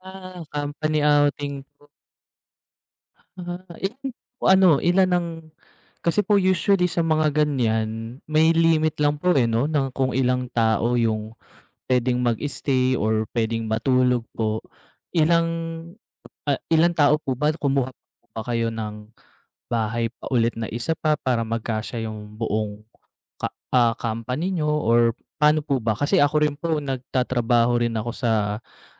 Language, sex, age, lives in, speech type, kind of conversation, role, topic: Filipino, male, 30-34, Philippines, conversation, unstructured, none, Ano ang mga sikreto mo sa paghahanap ng mga natatagong pook na pambihira sa isang bagong lugar?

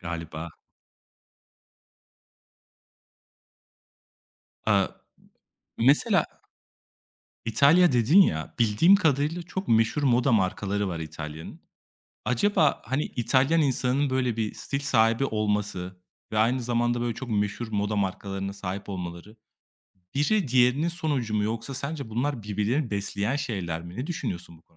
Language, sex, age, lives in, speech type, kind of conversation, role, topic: Turkish, male, 25-29, Italy, conversation, podcast, host, Instagram veya bloglar sana stil ilhamı veriyor mu?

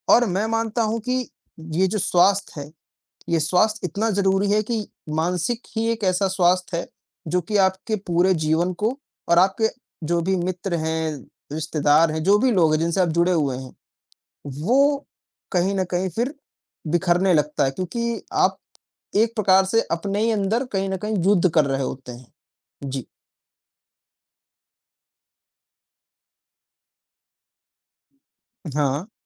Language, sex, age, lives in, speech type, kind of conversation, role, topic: Hindi, male, 55-59, India, conversation, unstructured, none, आपके अनुसार मानसिक स्वास्थ्य क्यों ज़रूरी है?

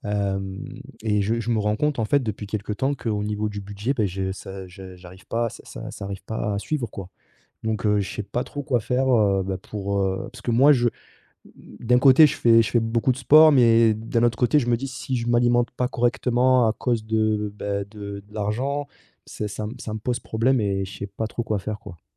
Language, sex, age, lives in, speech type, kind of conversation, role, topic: French, male, 30-34, France, conversation, advice, user, Comment faire pour acheter des aliments frais et sains avec un budget serré ?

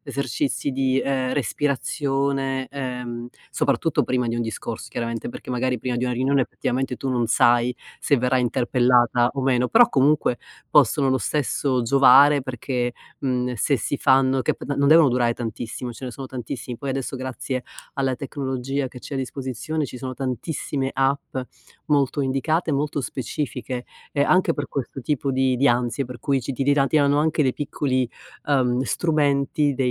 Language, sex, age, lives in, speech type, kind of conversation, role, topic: Italian, female, 50-54, United States, conversation, advice, advisor, Come posso gestire la paura del giudizio sociale prima di eventi o riunioni?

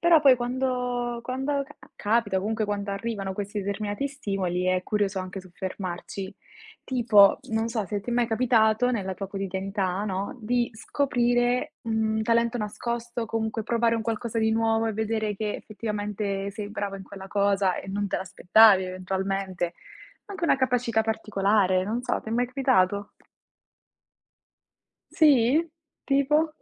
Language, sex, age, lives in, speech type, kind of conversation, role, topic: Italian, female, 20-24, Italy, conversation, unstructured, none, Hai mai scoperto un talento nascosto provando qualcosa di nuovo?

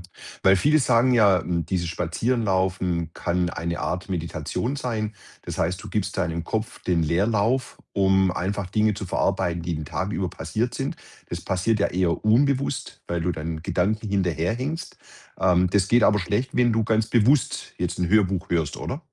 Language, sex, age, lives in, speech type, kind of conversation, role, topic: German, male, 50-54, Germany, conversation, podcast, host, Was begeistert dich an Spaziergängen durch deine Nachbarschaft?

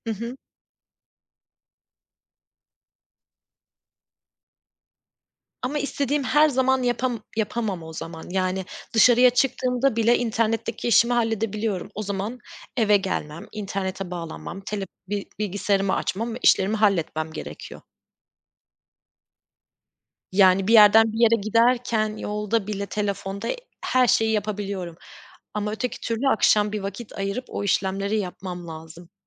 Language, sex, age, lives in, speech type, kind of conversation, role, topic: Turkish, female, 30-34, Germany, conversation, unstructured, none, Telefon kullanım alışkanlıkların hayatını nasıl etkiliyor?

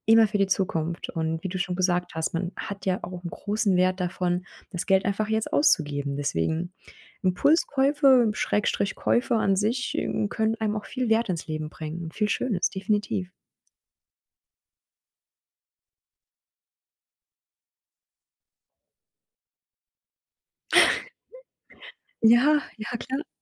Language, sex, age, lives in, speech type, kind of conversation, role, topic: German, female, 30-34, Germany, conversation, advice, advisor, Warum fällt es mir so schwer, Impulskäufe zu vermeiden?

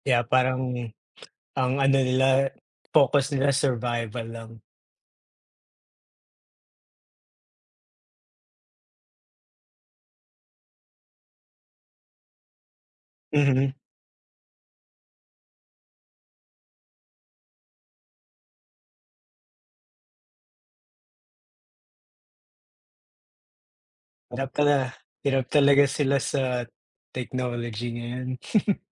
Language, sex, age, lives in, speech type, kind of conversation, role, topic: Filipino, male, 25-29, United States, conversation, unstructured, none, Mas pipiliin mo bang magkaroon ng kapangyarihang magbasa ng isip ngunit hindi makapagsalita, o makapagsalita ng anumang wika ngunit hindi makaintindi ng damdamin ng iba?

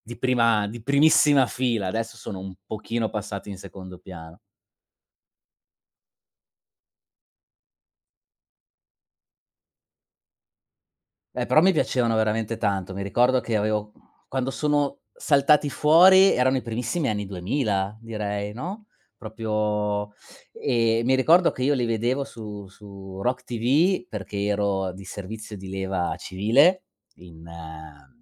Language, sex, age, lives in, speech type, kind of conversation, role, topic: Italian, male, 40-44, Germany, conversation, unstructured, none, Qual è il ricordo più bello che hai legato a un concerto o a una festa musicale?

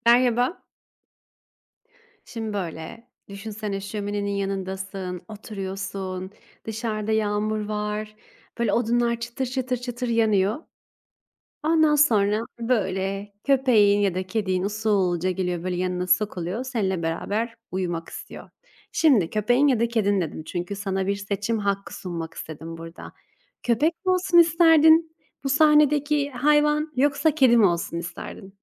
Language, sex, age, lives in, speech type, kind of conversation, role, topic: Turkish, female, 25-29, Italy, conversation, unstructured, none, Evcil hayvan olarak kedi mi yoksa köpek mi tercih edersiniz?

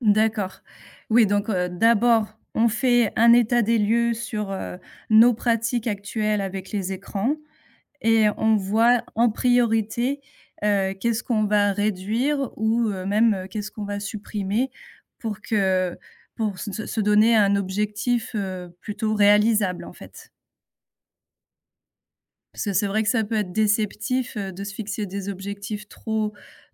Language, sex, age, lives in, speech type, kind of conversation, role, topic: French, female, 35-39, France, conversation, podcast, host, Comment garder un bon équilibre entre les écrans et la vie réelle ?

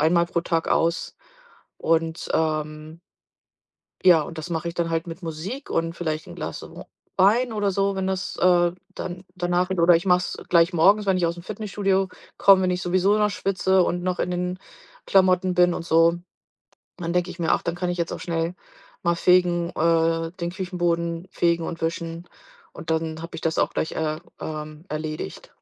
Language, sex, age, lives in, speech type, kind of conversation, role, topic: German, female, 45-49, United States, conversation, podcast, guest, Wie findest du Sinn in alltäglichen Aufgaben?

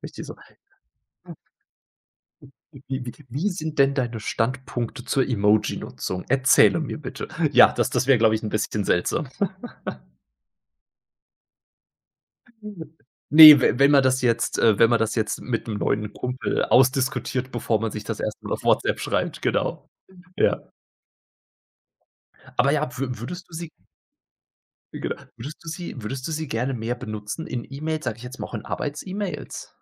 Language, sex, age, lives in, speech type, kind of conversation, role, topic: German, male, 30-34, Germany, conversation, podcast, host, Was führt deiner Erfahrung nach zu E‑Mail‑Missverständnissen?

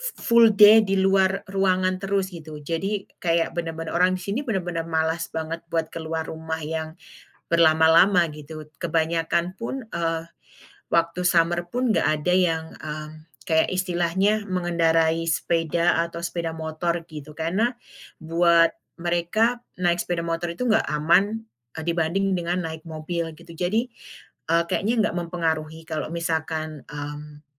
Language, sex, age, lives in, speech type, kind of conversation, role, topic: Indonesian, female, 35-39, United States, conversation, podcast, guest, Biasanya kamu memilih pakaian seperti apa saat sedang bad mood?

